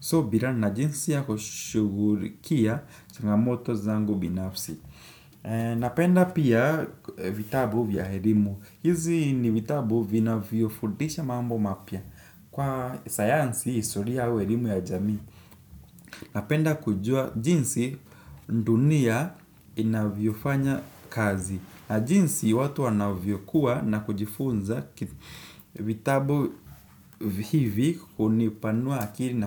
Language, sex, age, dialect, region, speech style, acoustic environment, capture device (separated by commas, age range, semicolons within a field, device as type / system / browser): Swahili, male, 18-24, Kenya - Nairobi Sheng-influenced, Kenya, relaxed, nature, desktop / Windows / Chrome